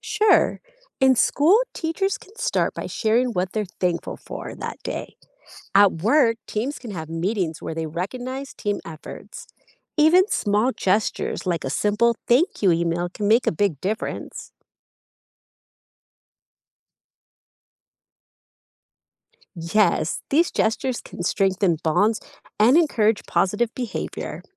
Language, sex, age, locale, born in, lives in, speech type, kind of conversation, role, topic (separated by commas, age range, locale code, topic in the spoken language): English, female, 35-39, en-US, United States, United States, read, expert-assistant, expert, What are the benefits of practicing gratitude?